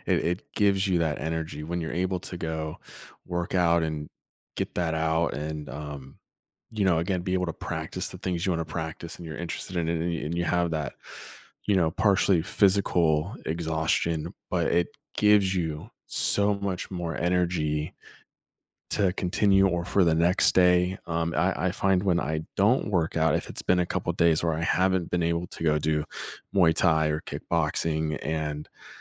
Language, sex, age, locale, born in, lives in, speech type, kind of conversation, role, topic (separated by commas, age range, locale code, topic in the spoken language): English, male, 30-34, en-US, United States, United States, conversation, podcast, guest, In what ways can regular physical activity improve our overall well-being?